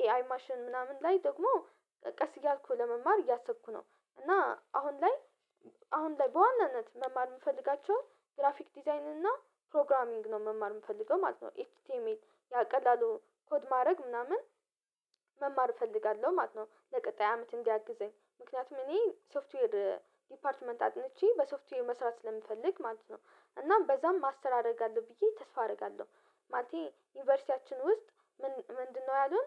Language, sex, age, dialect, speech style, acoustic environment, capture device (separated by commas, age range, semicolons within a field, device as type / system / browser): Amharic, female, 18-24, Ethiopia - Addis Ababa, relaxed, silence, mobile / Linux / Chrome